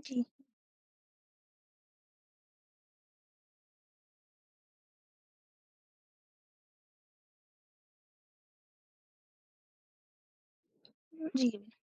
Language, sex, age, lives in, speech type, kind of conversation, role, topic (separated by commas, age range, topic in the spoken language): Hindi, female, 25-29, India, conversation, advice, user, लंबे लक्ष्य पर काम करते-करते मेरा मन उकता जाता है और प्रेरणा खत्म हो जाती है—मैं क्या करूँ?